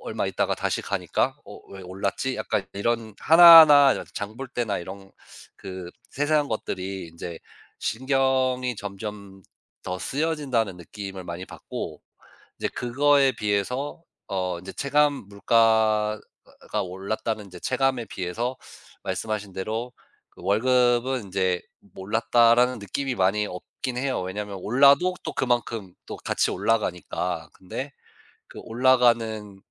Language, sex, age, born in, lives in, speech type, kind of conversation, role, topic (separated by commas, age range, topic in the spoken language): Korean, male, 35-39, United States, United States, conversation, unstructured, none, 월급을 받아도 늘 빠듯하다고 느끼는 이유는 뭐라고 생각하세요?